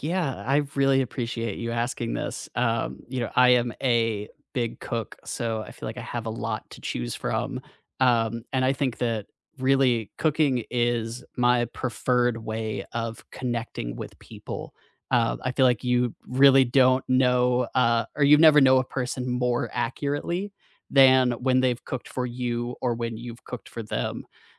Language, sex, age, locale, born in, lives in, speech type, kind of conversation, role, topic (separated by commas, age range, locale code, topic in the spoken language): English, male, 25-29, en-US, United States, United States, conversation, unstructured, none, Which comfort foods warm your heart, and what memories or meanings make them special?